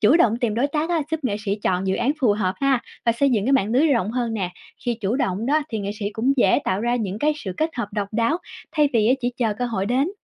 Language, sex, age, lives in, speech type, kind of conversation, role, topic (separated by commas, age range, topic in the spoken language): Vietnamese, female, 25-29, Vietnam, read, expert-assistant, expert, Bạn nghĩ việc chia sẻ tác phẩm nghệ thuật kỹ thuật số trên mạng xã hội mang lại nhiều cơ hội hay rủi ro cho nghệ sĩ?